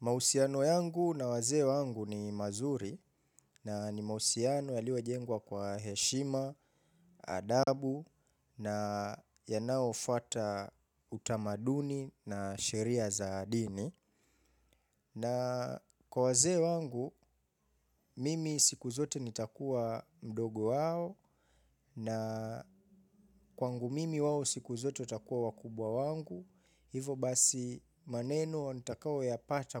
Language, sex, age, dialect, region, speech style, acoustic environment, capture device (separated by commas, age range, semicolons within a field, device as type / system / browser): Swahili, male, 25-34, Kenya - Mombasa, Kenya, focused; relaxed, nature, mobile / Linux / Chrome